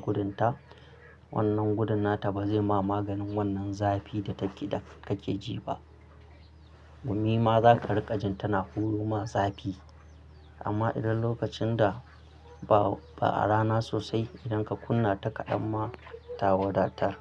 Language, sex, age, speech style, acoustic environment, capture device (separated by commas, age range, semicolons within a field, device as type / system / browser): Hausa, male, 25-34, happy, people talking, mobile / Linux / Chrome